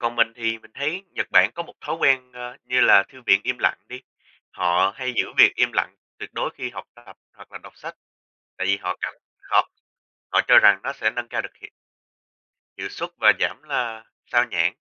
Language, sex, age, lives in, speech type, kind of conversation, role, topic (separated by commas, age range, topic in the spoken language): Vietnamese, male, 20-24, United States, conversation, unstructured, none, Điều gì khiến bạn ngạc nhiên nhất về phong tục tập quán ở các quốc gia khác?